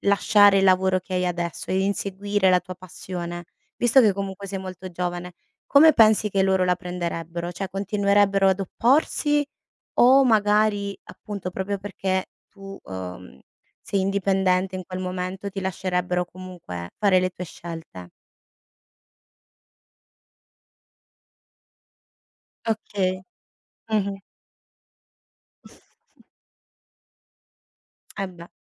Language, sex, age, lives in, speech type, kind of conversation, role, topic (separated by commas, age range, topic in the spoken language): Italian, female, 30-34, Italy, conversation, podcast, host, Come scegli tra seguire una passione e puntare su un lavoro stabile?